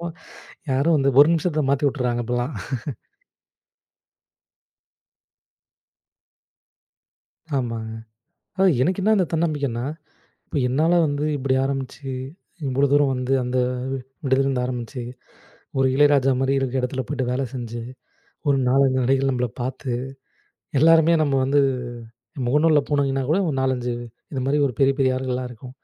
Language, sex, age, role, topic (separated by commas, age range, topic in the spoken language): Tamil, male, 25-29, guest, தன்னம்பிக்கையை மீட்டெடுக்க நீங்கள் என்ன செய்கிறீர்கள்?